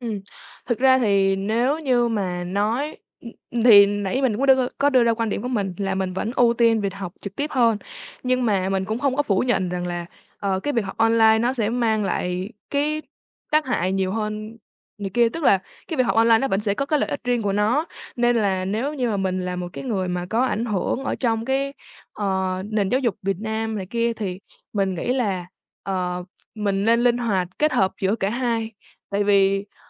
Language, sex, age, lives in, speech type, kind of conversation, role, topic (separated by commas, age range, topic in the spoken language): Vietnamese, female, 18-19, Vietnam, conversation, podcast, guest, Bạn nghĩ thế nào về việc học trực tuyến so với học trực tiếp?